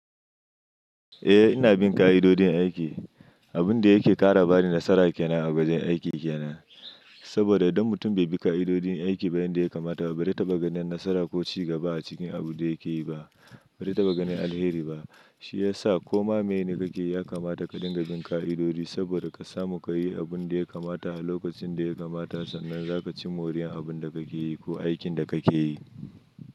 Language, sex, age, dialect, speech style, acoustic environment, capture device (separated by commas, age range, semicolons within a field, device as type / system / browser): Hausa, male, 25-34, Nigeria - Nigerian Standard, happy, silence; nature, mobile / Linux / Chrome